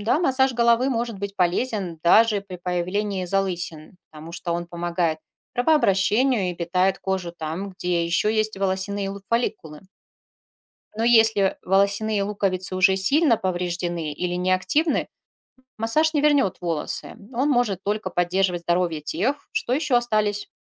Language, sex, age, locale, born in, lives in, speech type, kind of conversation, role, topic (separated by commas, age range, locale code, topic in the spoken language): Russian, female, 40-44, ru-RU, Russia, Spain, read, expert-assistant, expert, Зачем человеку волосы